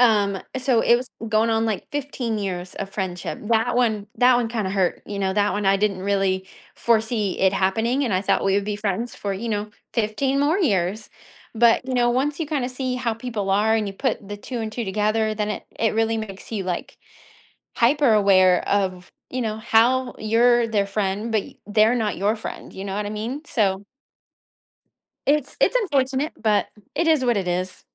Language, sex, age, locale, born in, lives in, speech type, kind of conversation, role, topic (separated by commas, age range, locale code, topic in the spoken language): English, female, 30-34, en-US, United States, United States, conversation, advice, user, How can I address feeling used by friends who only reach out for favors?